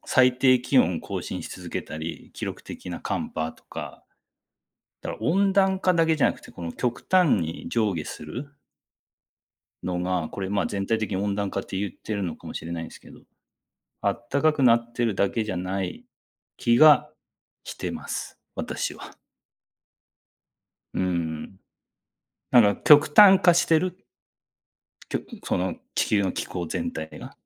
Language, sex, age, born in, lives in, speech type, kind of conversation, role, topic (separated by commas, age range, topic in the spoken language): Japanese, male, 40-44, Japan, Japan, conversation, unstructured, none, 地球温暖化について、どう感じていますか？